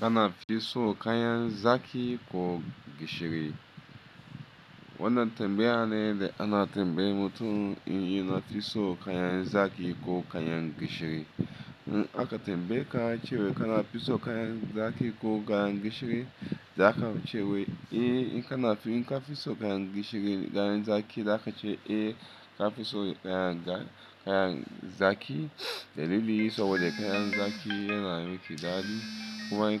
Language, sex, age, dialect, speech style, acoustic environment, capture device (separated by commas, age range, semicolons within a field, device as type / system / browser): Hausa, male, 35-44, Nigeria - Lagos Yoruba, focused, appliances, mobile / Linux / Chrome